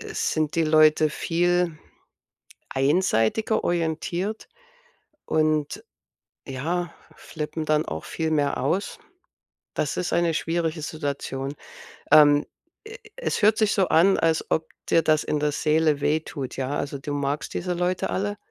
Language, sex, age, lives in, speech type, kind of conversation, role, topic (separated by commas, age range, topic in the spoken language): German, female, 55-59, United States, conversation, advice, advisor, Wie kann ich Konflikte und Spannungen bei Festen ruhig und respektvoll lösen?